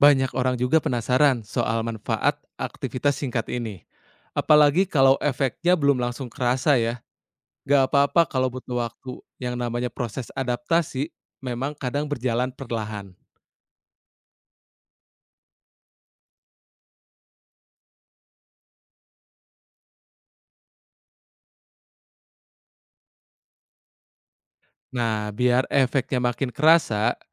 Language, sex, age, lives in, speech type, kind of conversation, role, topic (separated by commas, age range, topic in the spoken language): Indonesian, male, 30-34, Indonesia, read, expert-assistant, expert, Ide-ide apa yang bisa dieksplorasi agar rutinitas harian lebih ramah terhadap kesehatan mental?